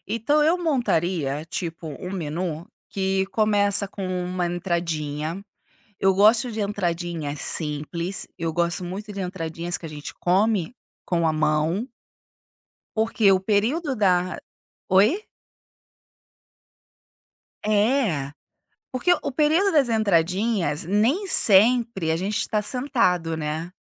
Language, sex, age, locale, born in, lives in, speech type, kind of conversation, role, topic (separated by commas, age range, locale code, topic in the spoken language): Portuguese, female, 40-44, pt-BR, Brazil, Italy, conversation, podcast, guest, Como você monta um menu simples e impactante?